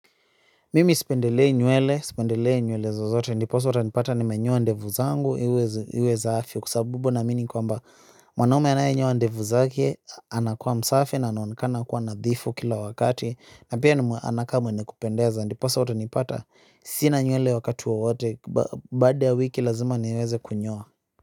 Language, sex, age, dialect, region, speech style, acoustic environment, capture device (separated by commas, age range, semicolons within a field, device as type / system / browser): Swahili, male, 25-34, Kenya - Nairobi Sheng-influenced, Kenya, happy, silence, mobile / Linux / Chrome